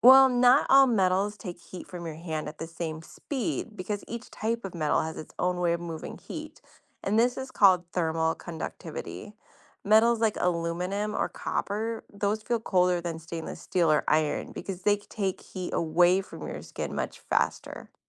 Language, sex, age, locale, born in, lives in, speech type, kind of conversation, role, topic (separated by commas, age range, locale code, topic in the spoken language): English, female, 40-44, en-US, United States, United States, read, expert-assistant, expert, Why does metal feel colder than wood at the same temperature?